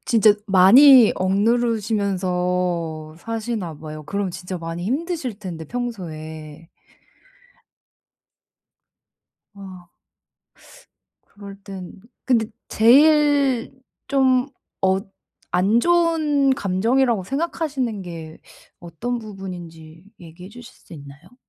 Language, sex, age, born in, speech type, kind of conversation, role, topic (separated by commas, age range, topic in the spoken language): Korean, female, 30-34, South Korea, conversation, advice, advisor, 솔직한 감정은 갈등 없이 어떻게 표현할 수 있나요?